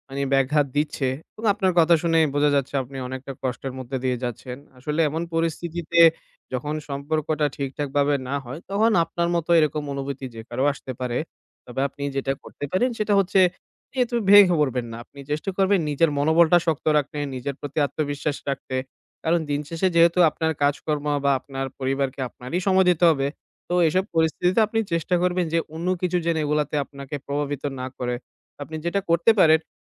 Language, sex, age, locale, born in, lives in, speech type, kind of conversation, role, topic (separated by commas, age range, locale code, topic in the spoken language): Bengali, male, 25-29, bn-BD, Bangladesh, Bangladesh, conversation, advice, advisor, সম্পর্ক শেষ করার বিষয়ে কীভাবে কথা বলব?